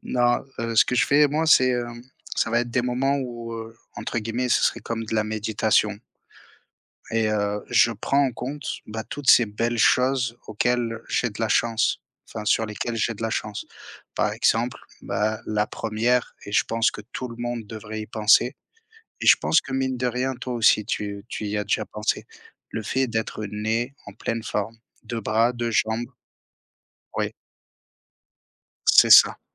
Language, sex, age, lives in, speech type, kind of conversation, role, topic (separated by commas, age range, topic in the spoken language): French, male, 30-34, France, conversation, unstructured, none, Comment cultivez-vous la gratitude dans votre vie de tous les jours ?